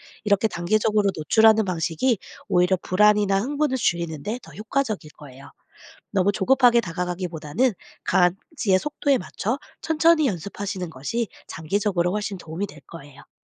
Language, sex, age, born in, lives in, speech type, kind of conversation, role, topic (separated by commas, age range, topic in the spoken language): Korean, female, 40-44, South Korea, United States, read, expert-assistant, expert, 강아지 짖음 훈련법, 전문가와 온라인 정보 중 무엇을 믿어야 하나요?